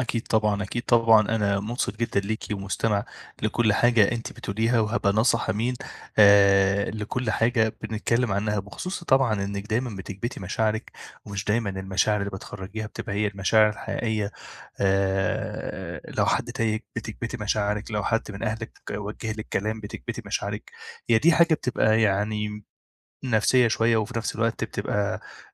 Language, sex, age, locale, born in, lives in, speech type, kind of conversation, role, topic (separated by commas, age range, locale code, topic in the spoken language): Arabic, male, 25-29, ar-EG, Egypt, Egypt, conversation, advice, advisor, إزاي أتعامل مع صعوبة إني أعبر بصدق عن مشاعري قدام الناس؟